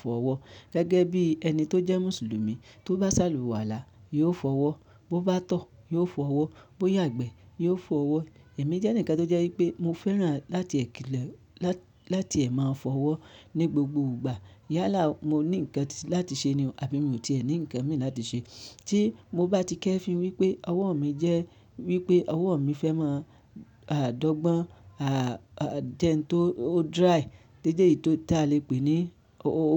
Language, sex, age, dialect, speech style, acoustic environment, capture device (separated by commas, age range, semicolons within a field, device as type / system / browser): Yoruba, male, 25-34, Nigeria - Ibadan, happy; excited, silence; nature, mobile / Linux / Chrome